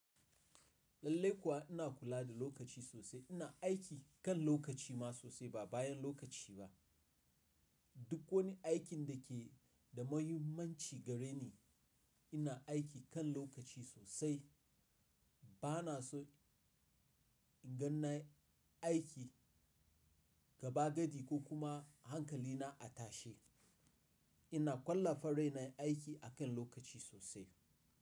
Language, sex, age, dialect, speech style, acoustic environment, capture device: Hausa, male, 35-44, Nigeria - Nigerian Standard, relaxed, nature, mobile / Linux / Chrome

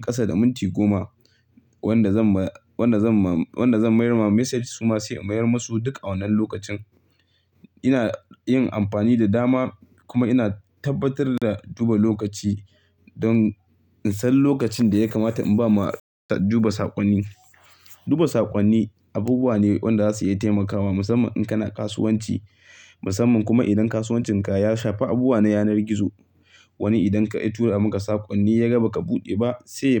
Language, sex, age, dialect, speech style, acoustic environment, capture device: Hausa, male, 25-34, Nigeria - Kano, happy, silence, mobile / Linux / Chrome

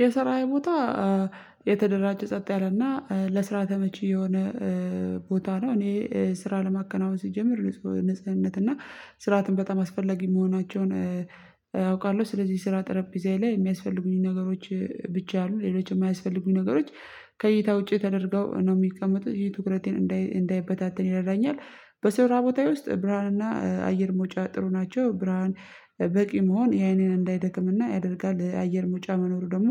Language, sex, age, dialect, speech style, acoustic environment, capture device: Amharic, female, 25-34, Ethiopia - Addis Ababa, tired, people talking, mobile / Linux / Chrome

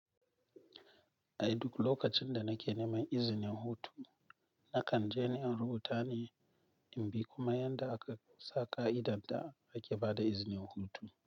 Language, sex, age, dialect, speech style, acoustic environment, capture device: Hausa, male, 25-34, Nigeria - Nigerian Standard, happy; relaxed, silence, mobile / Linux / Chrome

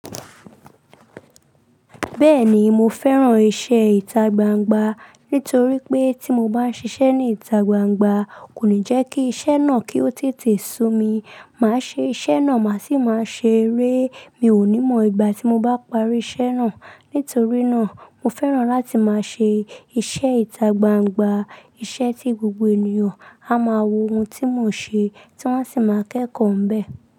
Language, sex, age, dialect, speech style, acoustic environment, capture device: Yoruba, female, 25-34, Nigeria - Lagos Yoruba, relaxed, silence, desktop / Linux / Chrome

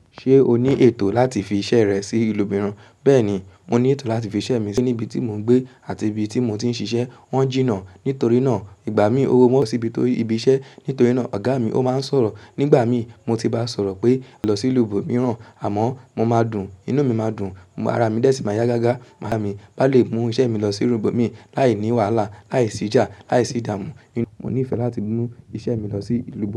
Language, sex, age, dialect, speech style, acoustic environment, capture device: Yoruba, female, 18-24, Nigeria - Lagos Yoruba, happy; relaxed, silence; music, mobile / macOS / Safari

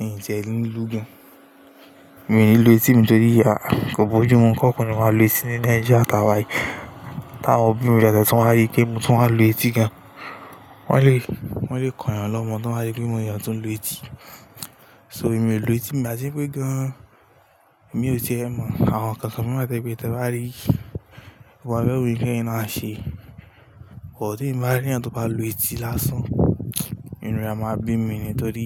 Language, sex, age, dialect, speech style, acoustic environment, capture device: Yoruba, male, 18-24, Nigeria - Lagos Yoruba, relaxed, appliances; silence, mobile / Linux / Chrome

